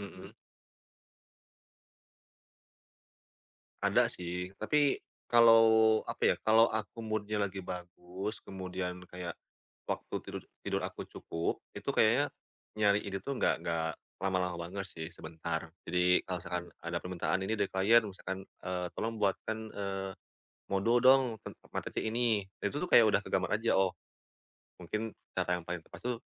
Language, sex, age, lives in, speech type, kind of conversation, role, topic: Indonesian, male, 30-34, Indonesia, conversation, podcast, guest, Kebiasaan kecil apa yang paling membantu mempercepat perkembangan kreativitas kamu?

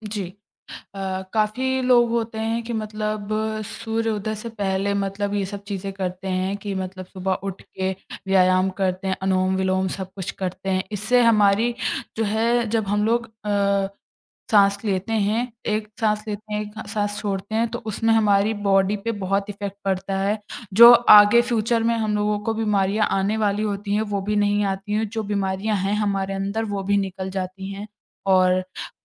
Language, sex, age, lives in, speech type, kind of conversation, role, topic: Hindi, female, 20-24, India, conversation, podcast, guest, साँस की तकनीकें आपकी मदद कैसे करती हैं?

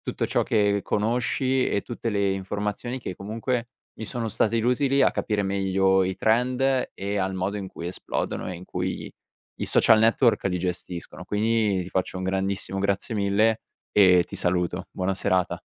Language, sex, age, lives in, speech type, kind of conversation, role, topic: Italian, male, 18-19, Italy, conversation, podcast, host, Perché certi trend esplodono all'improvviso?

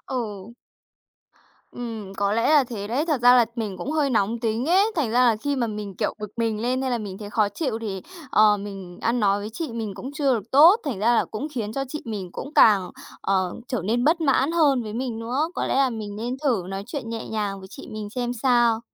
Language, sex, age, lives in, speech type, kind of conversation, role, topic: Vietnamese, female, 20-24, Japan, conversation, advice, user, Làm thế nào để giải quyết mâu thuẫn khi vợ/chồng hoặc người yêu tiêu xài khác mình và thường cãi vã về ngân sách gia đình?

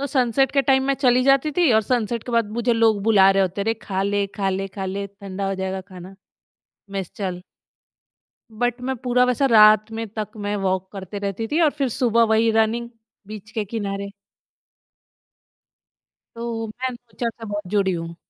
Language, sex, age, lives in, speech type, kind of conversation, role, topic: Hindi, female, 30-34, India, conversation, podcast, guest, Kya tumhe lagta hai ki nature tumhara stress kam karta hai, aur kyun?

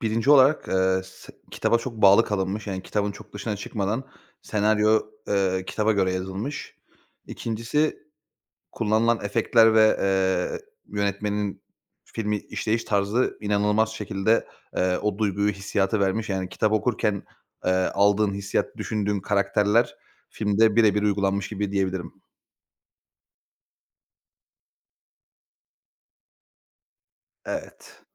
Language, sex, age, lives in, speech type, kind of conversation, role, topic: Turkish, male, 30-34, Bulgaria, conversation, podcast, guest, Bir kitabın dizi ya da filme uyarlanması hakkında ne düşünüyorsun?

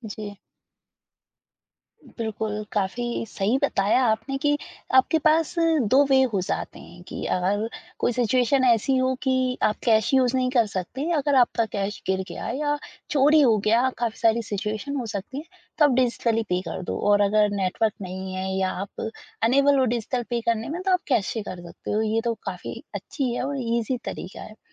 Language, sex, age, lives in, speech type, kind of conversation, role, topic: Hindi, female, 20-24, India, conversation, podcast, host, Batao, digital payments tumhari zindagi ko kaise aasan banate hain?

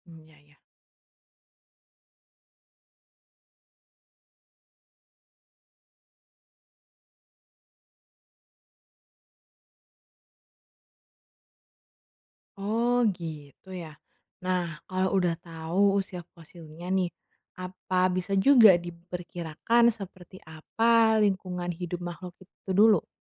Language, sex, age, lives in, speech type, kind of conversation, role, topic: Indonesian, female, 25-29, Indonesia, read, expert-assistant, asker, Bagaimana proses terbentuknya fosil di dalam tanah?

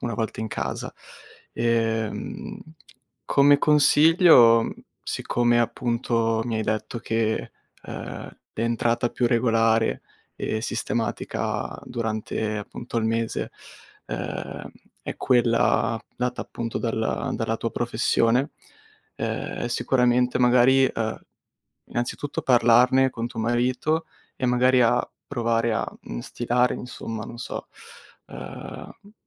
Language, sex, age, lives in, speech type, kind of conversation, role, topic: Italian, male, 20-24, Italy, conversation, advice, advisor, Come posso gestire i litigi con il partner dovuti a differenze su spese e risparmio?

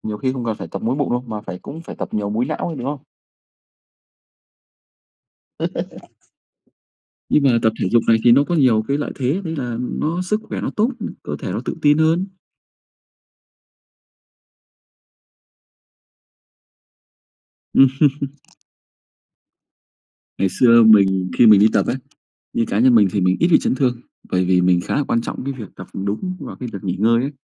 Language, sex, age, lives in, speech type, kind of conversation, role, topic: Vietnamese, male, 25-29, Vietnam, conversation, unstructured, none, Bạn có lo lắng về tác hại của việc tập luyện quá sức không?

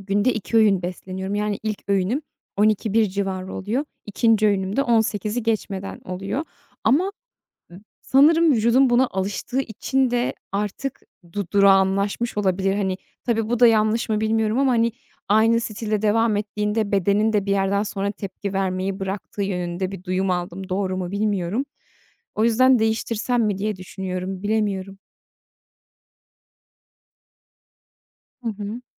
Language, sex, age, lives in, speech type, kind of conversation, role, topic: Turkish, female, 30-34, Netherlands, conversation, advice, user, Farklı diyet önerileri arasında kalıp karar veremediğimde nasıl bir yol izlemeliyim?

